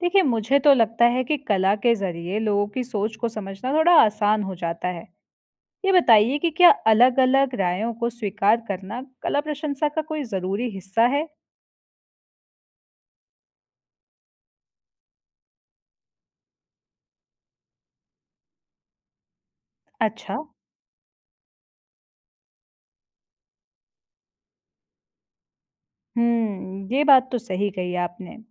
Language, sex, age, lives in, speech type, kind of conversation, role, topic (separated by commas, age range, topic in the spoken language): Hindi, female, 25-29, India, read, expert-assistant, asker, कला पर अपनी राय खुलकर रखने से आत्मविश्वास कैसे बढ़ा सकता हूँ?